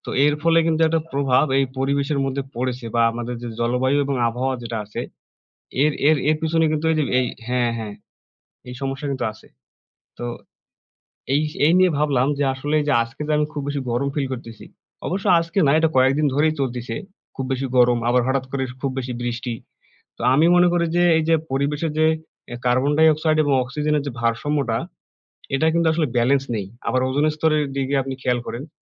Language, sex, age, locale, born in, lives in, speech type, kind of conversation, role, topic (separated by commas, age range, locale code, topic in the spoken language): Bengali, male, 20-24, bn-BD, Bangladesh, Bangladesh, conversation, unstructured, none, গাছ লাগানো আপনার জীবনে কী পরিবর্তন এনেছে?